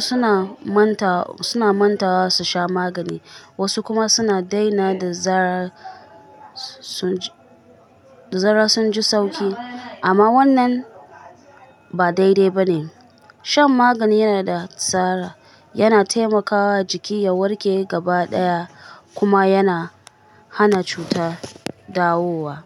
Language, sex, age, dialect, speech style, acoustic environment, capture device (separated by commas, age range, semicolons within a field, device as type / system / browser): Hausa, female, 25-34, Nigeria - Kano, stressed; tired; anxious, people talking, mobile / Linux / Chrome